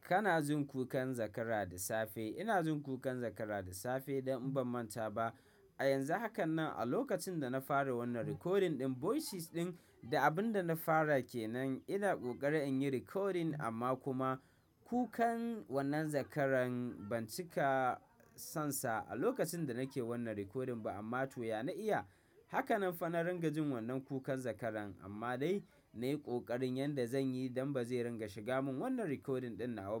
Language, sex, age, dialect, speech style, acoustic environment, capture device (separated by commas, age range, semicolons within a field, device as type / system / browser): Hausa, male, 25-34, Nigeria - Kano, happy, silence, mobile / macOS / Safari